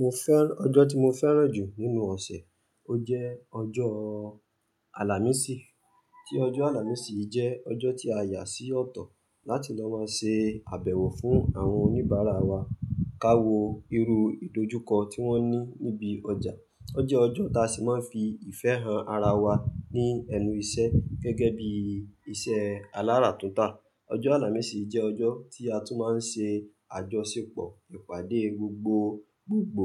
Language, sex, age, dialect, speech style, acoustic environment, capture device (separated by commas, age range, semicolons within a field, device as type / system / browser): Yoruba, male, 25-34, Nigeria - Ibadan, happy, silence, mobile / Linux / Chrome